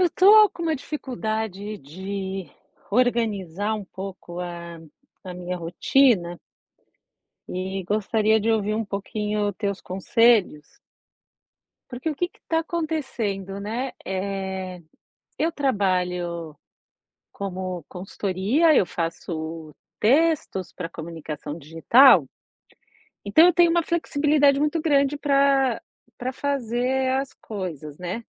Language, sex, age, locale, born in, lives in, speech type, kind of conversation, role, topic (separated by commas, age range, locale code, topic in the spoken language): Portuguese, female, 45-49, pt-BR, Brazil, United States, conversation, advice, user, Como posso manter uma rotina produtiva quando sinto que estou sempre perdendo o foco?